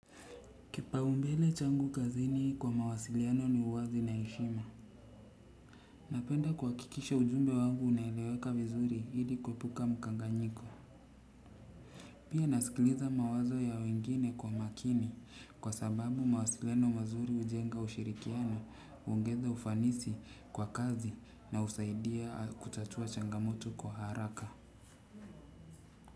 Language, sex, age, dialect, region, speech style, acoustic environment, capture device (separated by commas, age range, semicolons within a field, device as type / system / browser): Swahili, male, 35-44, Kenya - Mombasa, Kenya, relaxed, silence, desktop / Windows / Chrome